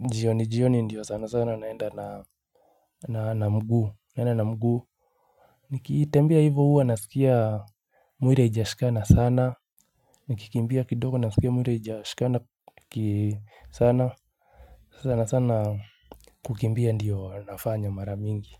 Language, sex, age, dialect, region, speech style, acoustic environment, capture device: Swahili, male, 25-34, Kenya - Nairobi Sheng-influenced, Kenya, happy, silence, mobile / Linux / Chrome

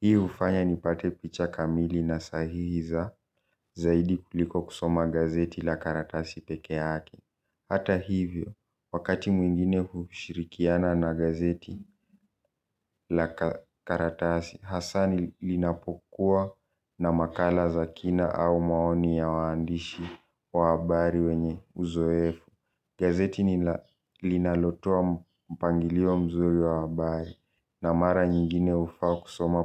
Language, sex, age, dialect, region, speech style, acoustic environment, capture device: Swahili, male, 18-24, Kenya - Nairobi Sheng-influenced, Kenya, relaxed, silence, mobile / Linux / Chrome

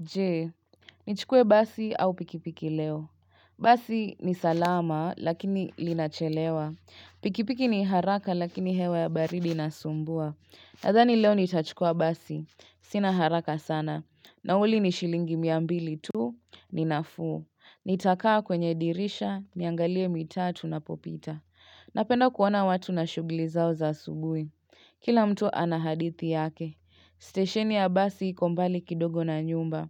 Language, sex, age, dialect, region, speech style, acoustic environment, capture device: Swahili, female, 25-34, Kenya - Nairobi Sheng-influenced, Kenya, relaxed, silence, mobile / Linux / Chrome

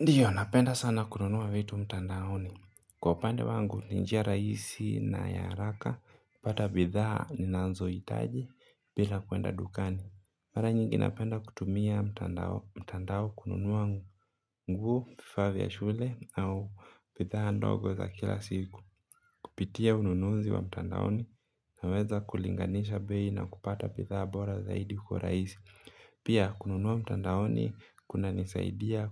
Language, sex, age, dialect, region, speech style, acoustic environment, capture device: Swahili, male, 25-34, Kenya - Mombasa, Kenya, relaxed, nature; appliances, mobile / Linux / Chrome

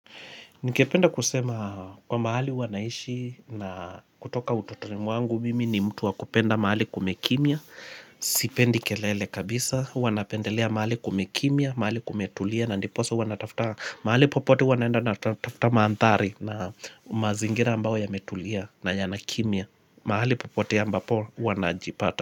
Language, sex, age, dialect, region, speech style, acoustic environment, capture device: Swahili, male, 25-34, Kenya - Mombasa, Kenya, happy; relaxed, people talking, mobile / Linux / Chrome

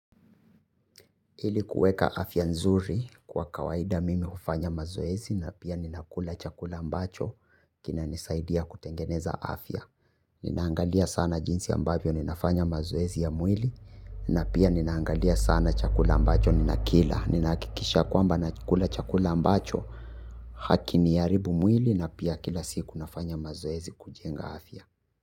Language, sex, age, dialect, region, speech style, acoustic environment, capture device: Swahili, male, 25-34, Kenya - Nairobi Sheng-influenced, Kenya, happy, silence, mobile / Linux / Chrome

